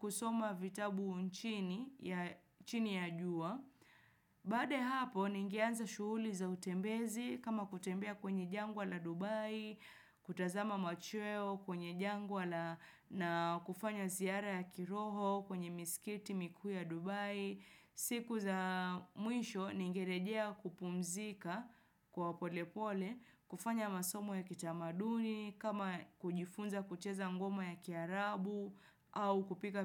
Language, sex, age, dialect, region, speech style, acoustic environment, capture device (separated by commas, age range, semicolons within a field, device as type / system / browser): Swahili, female, 25-34, Kenya - Nairobi Sheng-influenced, Kenya, relaxed; focused, nature; silence, desktop / Windows / Chrome